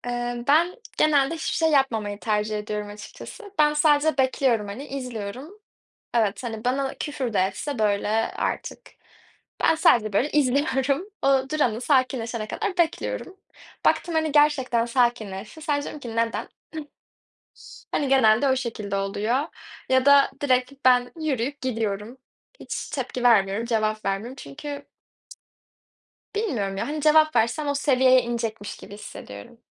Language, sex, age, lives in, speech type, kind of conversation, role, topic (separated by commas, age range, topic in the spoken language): Turkish, female, 20-24, Netherlands, conversation, unstructured, none, Hayatında seni en çok şaşırtan şey neydi?